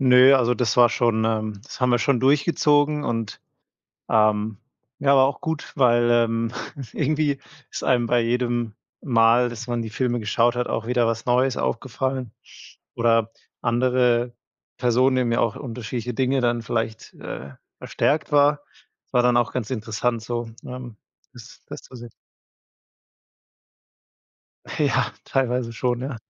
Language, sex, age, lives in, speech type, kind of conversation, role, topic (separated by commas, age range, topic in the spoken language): German, male, 30-34, Germany, conversation, podcast, guest, Wie sah ein typisch nostalgischer Fernsehabend mit Freunden bei dir aus?